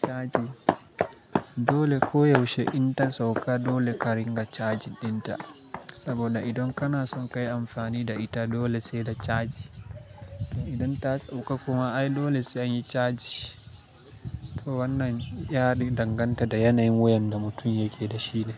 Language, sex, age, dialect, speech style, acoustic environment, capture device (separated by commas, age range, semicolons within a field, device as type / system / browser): Hausa, male, 25-34, Nigeria - Kano, excited, silence, mobile / Linux / Chrome